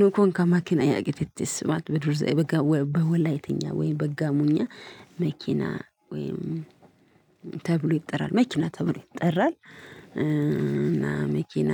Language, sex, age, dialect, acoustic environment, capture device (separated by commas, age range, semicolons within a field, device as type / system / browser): Amharic, female, 25-34, Ethiopia - Addis Ababa, silence, mobile / Linux / Chrome